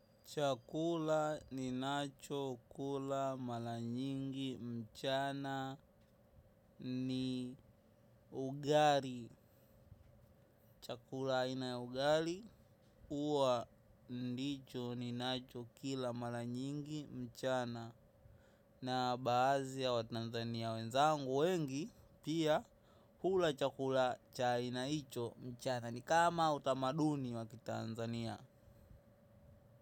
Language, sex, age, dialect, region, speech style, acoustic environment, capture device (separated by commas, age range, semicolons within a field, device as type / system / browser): Swahili, male, 18-24, Tanzania - Dar es Salaam, Tanzania, focused; excited; relaxed, traffic; people talking, mobile / macOS / Safari